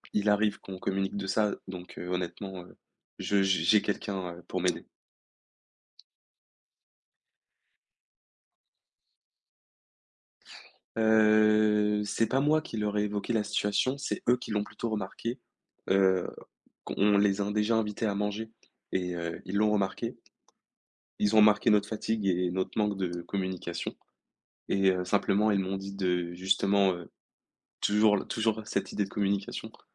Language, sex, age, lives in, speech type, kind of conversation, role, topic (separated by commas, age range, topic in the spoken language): French, male, 18-19, France, conversation, advice, user, Comment annoncer une séparation à son partenaire ?